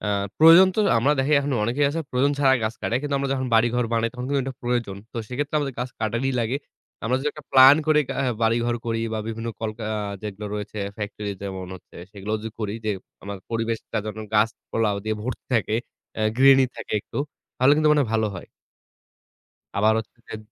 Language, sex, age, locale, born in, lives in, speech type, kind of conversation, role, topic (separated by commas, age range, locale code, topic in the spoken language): Bengali, male, 20-24, bn-BD, Bangladesh, Bangladesh, conversation, unstructured, none, পরিবেশ দূষণ কমানোর সহজ উপায়গুলো কী হতে পারে?